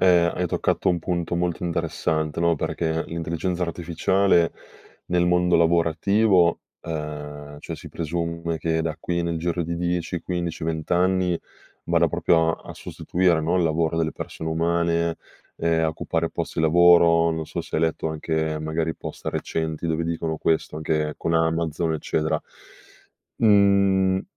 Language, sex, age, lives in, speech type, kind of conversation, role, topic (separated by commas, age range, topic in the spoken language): Italian, male, 25-29, Italy, conversation, podcast, host, Come nascono le tue idee creative?